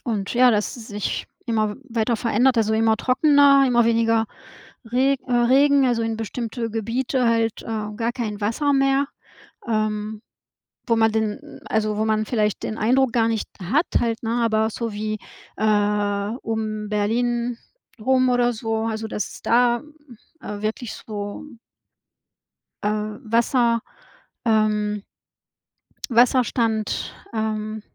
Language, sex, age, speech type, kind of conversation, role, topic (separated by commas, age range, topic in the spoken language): German, female, 50-54, conversation, unstructured, none, Was macht dir am Klimawandel am meisten Angst?